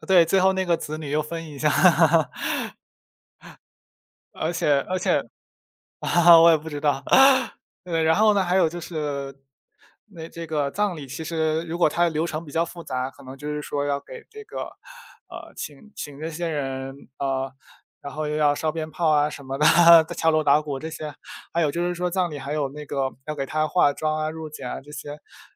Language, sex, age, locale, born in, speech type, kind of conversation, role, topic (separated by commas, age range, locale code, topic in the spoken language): Chinese, male, 20-24, zh-CN, China, conversation, unstructured, none, 你觉得人们应该如何面对亲人的离世？